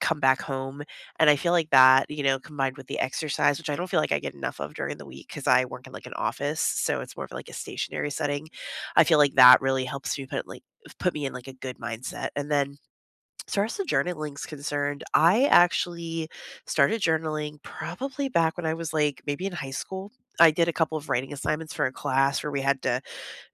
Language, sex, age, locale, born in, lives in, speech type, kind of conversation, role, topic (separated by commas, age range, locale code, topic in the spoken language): English, female, 35-39, en-US, United States, United States, conversation, unstructured, none, How do you recharge after a long week—by spending time with others or by being on your own?